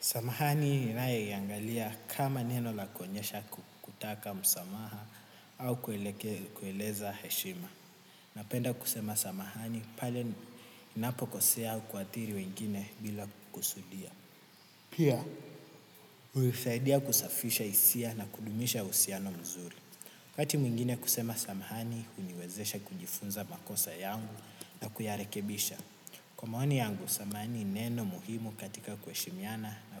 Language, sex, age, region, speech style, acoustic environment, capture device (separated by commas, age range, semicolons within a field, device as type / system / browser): Swahili, male, 18-24, Kenya, happy; relaxed; focused; excited, nature, desktop / Windows / Chrome